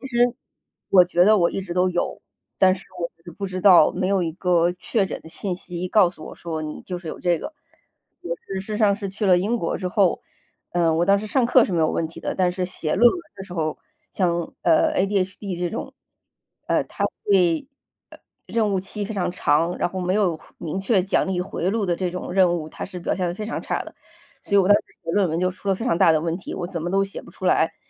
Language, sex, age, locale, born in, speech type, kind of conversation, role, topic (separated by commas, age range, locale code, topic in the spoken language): Chinese, female, 35-39, zh-CN, China, conversation, podcast, guest, 学习受挫后你通常如何调整并重新开始？